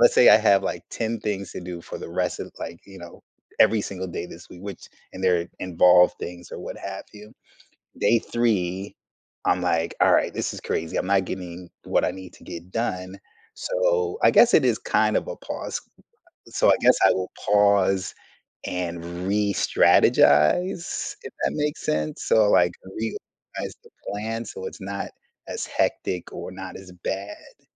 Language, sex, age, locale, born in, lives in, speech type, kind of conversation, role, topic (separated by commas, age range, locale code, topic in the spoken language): English, male, 45-49, en-US, United States, United States, conversation, unstructured, none, How do I spot when my priorities need to shift?